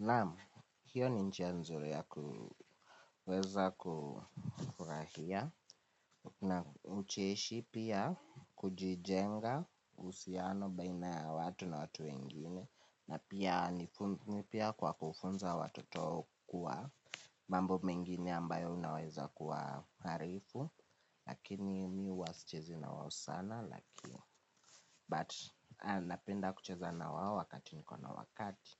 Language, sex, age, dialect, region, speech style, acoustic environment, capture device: Swahili, male, 18-24, Kenya - Nairobi Sheng-influenced, Kenya, happy; nervous; anxious; excited, people talking; appliances; music, mobile / Linux / Chrome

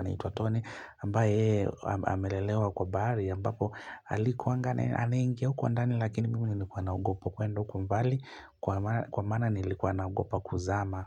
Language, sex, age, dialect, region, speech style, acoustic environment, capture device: Swahili, male, 25-34, Kenya - Mombasa, Kenya, happy; relaxed; focused; excited, nature; people talking, mobile / Linux / Chrome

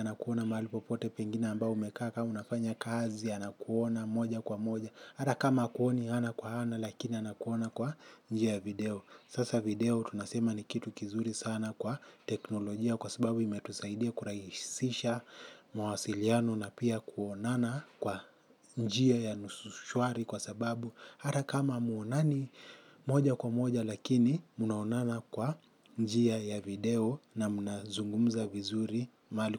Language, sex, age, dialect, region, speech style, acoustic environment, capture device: Swahili, male, 25-34, Kenya - Mombasa, Kenya, happy; focused, people talking; traffic; music; construction; appliances, mobile / Linux / Chrome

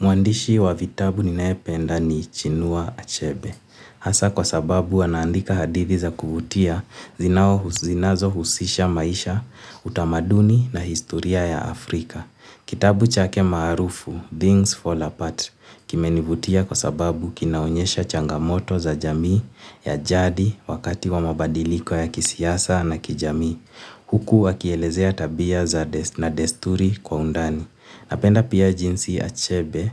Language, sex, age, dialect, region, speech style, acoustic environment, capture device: Swahili, male, 18-24, Kenya - Nairobi Sheng-influenced, Kenya, excited; nervous, silence, mobile / Linux / Chrome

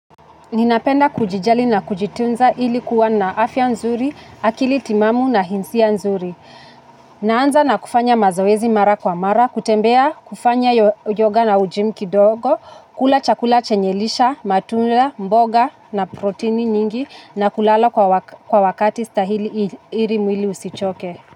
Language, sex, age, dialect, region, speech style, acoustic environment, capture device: Swahili, female, 25-34, Kenya - Nairobi Sheng-influenced, Kenya, anxious, traffic, mobile / Linux / Chrome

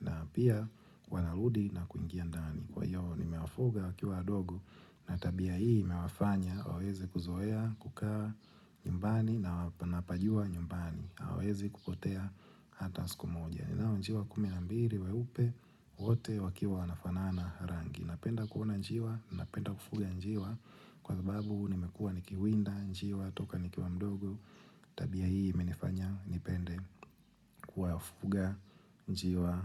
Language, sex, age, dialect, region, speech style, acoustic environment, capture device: Swahili, male, 25-34, Tanzania - Dar es Salaam, Tanzania, happy, silence, mobile / Linux / Chrome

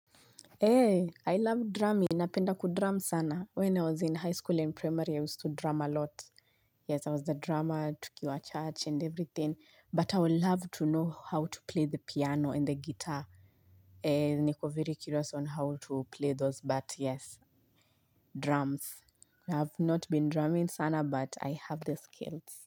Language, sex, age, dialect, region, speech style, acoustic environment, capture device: Swahili, female, 25-34, Kenya - Nairobi Sheng-influenced, Kenya, happy, silence, mobile / Linux / Chrome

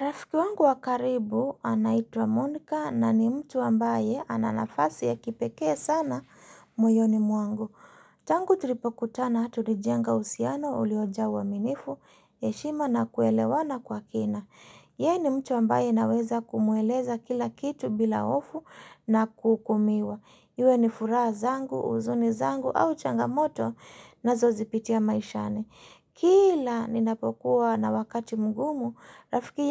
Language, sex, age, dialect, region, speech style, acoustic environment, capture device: Swahili, female, 35-44, Kenya - Mombasa, Kenya, happy, silence, mobile / Linux / Chrome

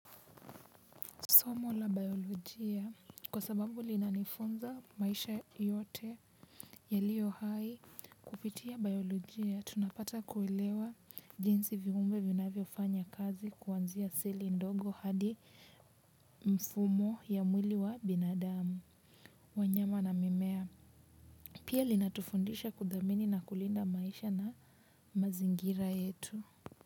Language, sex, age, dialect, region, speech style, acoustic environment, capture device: Swahili, female, 18-24, Kenya - Nairobi Sheng-influenced, Kenya, relaxed, silence, mobile / Linux / Chrome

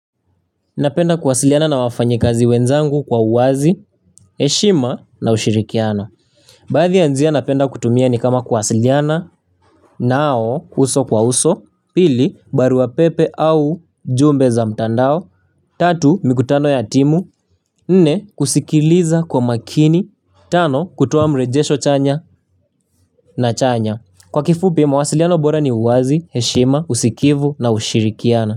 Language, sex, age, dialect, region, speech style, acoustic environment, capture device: Swahili, male, 18-24, Kenya - Nairobi Sheng-influenced, Kenya, happy, people talking, mobile / Linux / Chrome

